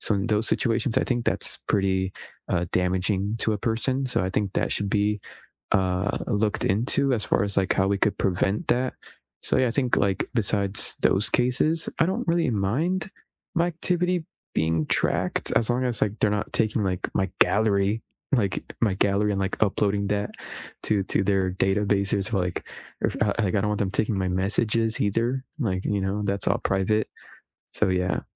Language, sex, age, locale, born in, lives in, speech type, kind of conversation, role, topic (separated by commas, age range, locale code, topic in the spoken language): English, male, 20-24, en-US, United States, United States, conversation, unstructured, none, How do you feel about companies tracking your online activity?